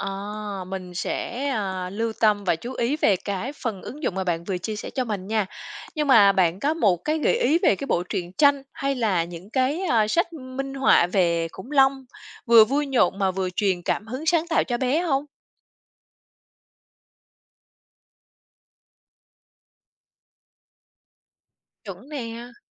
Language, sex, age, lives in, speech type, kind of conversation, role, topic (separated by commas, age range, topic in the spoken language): Vietnamese, female, 35-39, Vietnam, read, expert-assistant, asker, Những mẹo nào giúp bạn dễ dàng hình dung lại cuộc sống của sinh vật cổ đại từ hóa thạch?